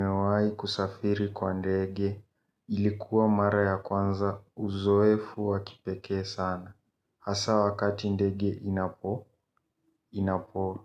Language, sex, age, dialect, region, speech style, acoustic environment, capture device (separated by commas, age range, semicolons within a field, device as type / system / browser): Swahili, male, 18-24, Kenya - Nairobi Sheng-influenced, Kenya, tired, silence, mobile / Linux / Chrome